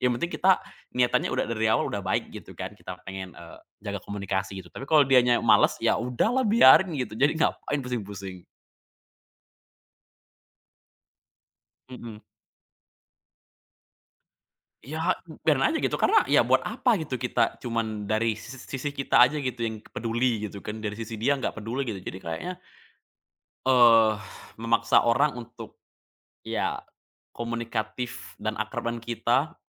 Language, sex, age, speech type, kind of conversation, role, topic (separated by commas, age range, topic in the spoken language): Indonesian, male, 20-24, conversation, podcast, guest, Bagaimana cara menjaga komunikasi yang baik antaranggota keluarga?